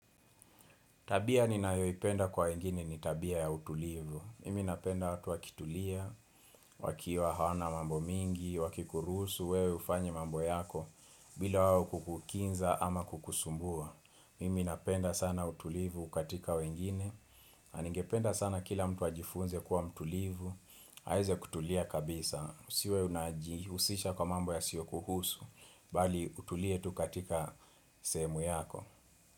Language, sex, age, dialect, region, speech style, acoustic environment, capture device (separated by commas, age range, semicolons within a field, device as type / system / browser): Swahili, male, 25-34, Kenya - Nairobi Sheng-influenced, Kenya, relaxed, silence, desktop / Windows / Chrome